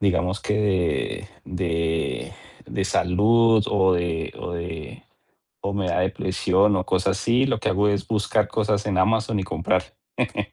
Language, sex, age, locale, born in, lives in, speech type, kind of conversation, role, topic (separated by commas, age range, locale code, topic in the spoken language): Spanish, male, 40-44, es-CO, Colombia, United States, conversation, advice, user, ¿Cómo puedo alcanzar metas materiales sin sentir satisfacción personal?